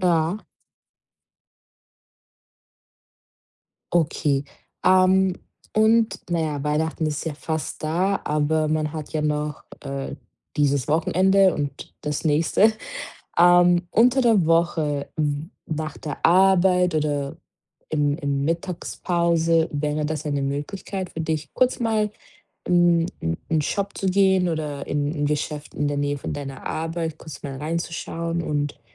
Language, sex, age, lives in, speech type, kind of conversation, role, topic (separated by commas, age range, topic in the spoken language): German, female, 25-29, Portugal, conversation, advice, advisor, Wie finde ich trotz Zeitmangel passende Kleidung und Geschenke?